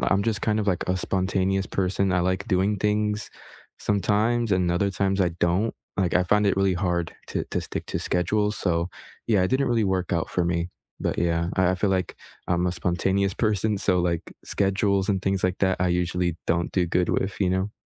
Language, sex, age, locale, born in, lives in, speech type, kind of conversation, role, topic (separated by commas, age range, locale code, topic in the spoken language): English, male, 20-24, en-US, United States, United States, conversation, unstructured, none, How do you stay motivated to keep exercising regularly?